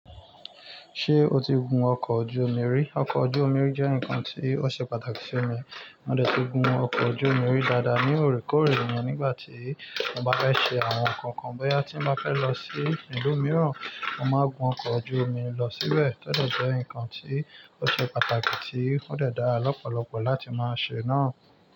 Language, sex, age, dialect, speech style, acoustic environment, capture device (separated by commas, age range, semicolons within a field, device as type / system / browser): Yoruba, male, 25-34, Nigeria - Lagos Yoruba, relaxed, nature, mobile / Linux / Chrome